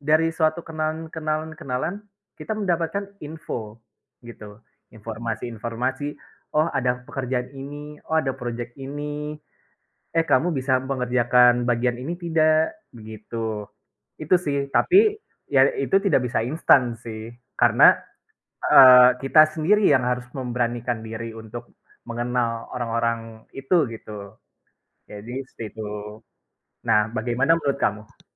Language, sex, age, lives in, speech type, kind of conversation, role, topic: Indonesian, male, 25-29, Indonesia, conversation, unstructured, none, Apa pendapat Anda tentang bekerja dari rumah dibandingkan bekerja di kantor?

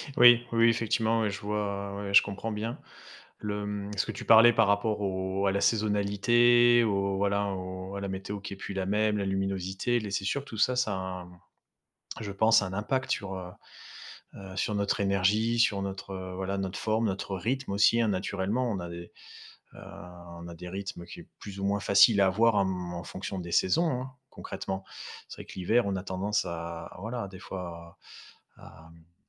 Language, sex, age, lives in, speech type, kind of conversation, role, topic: French, male, 35-39, France, conversation, advice, advisor, Comment puis-je améliorer ma routine de sommeil pour me réveiller plus énergique chaque matin ?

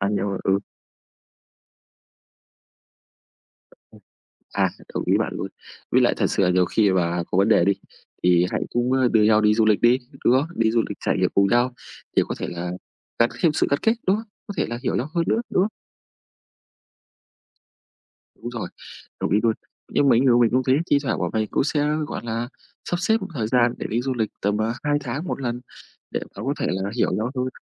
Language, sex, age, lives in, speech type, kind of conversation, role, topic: Vietnamese, male, 25-29, Vietnam, conversation, unstructured, none, Bạn nghĩ điều gì quan trọng nhất trong một mối quan hệ?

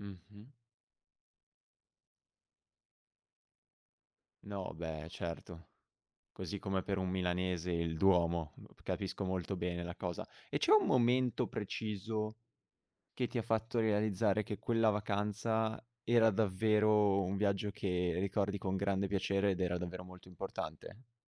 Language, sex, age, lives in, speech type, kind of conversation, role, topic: Italian, male, 18-19, Italy, conversation, podcast, host, Qual è un viaggio che ricordi ancora con piacere e perché?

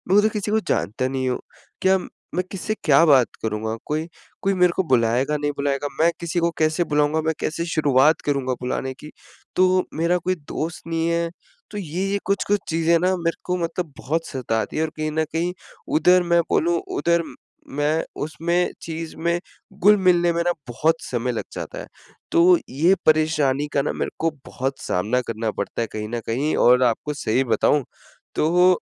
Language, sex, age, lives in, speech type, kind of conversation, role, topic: Hindi, male, 20-24, India, conversation, advice, user, नए शहर में अनजान जगहों पर मैं खुद को सहज और आरामदायक कैसे महसूस करूँ?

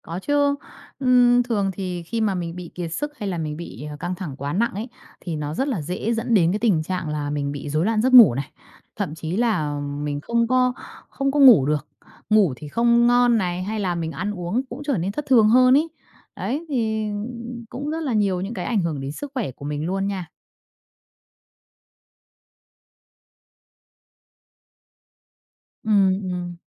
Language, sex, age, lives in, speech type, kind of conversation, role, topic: Vietnamese, female, 30-34, Vietnam, conversation, podcast, guest, Bạn thường làm gì để giảm căng thẳng hằng ngày?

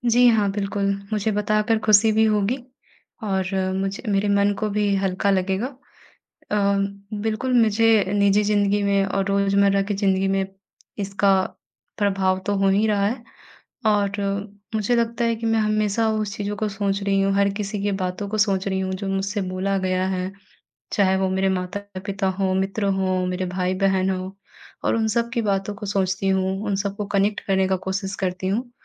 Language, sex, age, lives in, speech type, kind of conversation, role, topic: Hindi, female, 20-24, India, conversation, advice, user, आप अपने करियर में सफलता को लेकर सामाजिक अपेक्षाओं के दबाव का अनुभव कैसे कर रहे हैं?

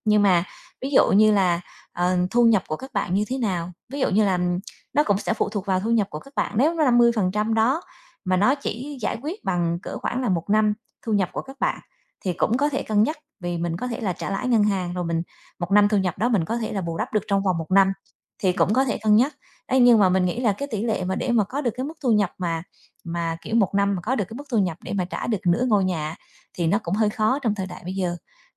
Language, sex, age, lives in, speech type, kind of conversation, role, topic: Vietnamese, female, 35-39, Vietnam, conversation, podcast, guest, Bạn nghĩ sao về việc mua nhà sớm so với thuê nhà lâu dài?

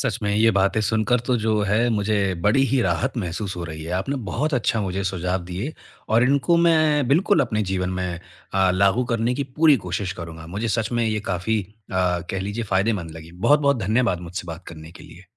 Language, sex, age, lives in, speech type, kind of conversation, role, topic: Hindi, male, 25-29, India, conversation, advice, user, क्या मुझे अपनी नौकरी और अपनी व्यक्तिगत पहचान को अलग रखना चाहिए?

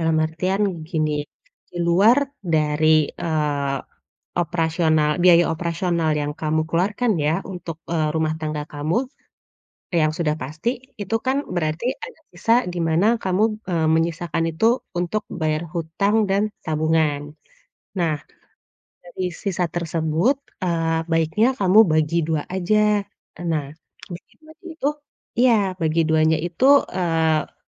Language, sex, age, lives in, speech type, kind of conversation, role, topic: Indonesian, female, 35-39, Indonesia, conversation, advice, advisor, Bagaimana sebaiknya saya memprioritaskan antara melunasi utang dan menabung untuk tujuan lain?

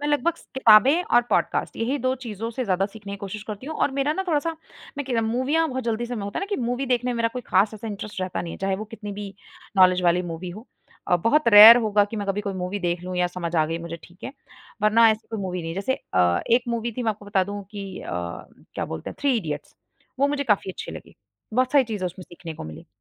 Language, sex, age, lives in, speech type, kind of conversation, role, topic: Hindi, female, 25-29, India, conversation, podcast, guest, कौन-सी आदत ने आपकी ज़िंदगी बदल दी?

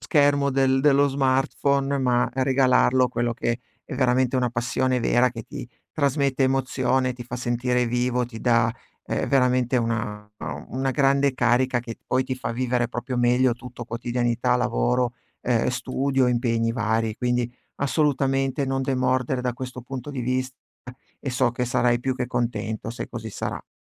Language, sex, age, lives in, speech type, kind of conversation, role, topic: Italian, male, 40-44, Italy, conversation, advice, advisor, Come posso proteggere le mie sessioni creative dalle interruzioni quotidiane?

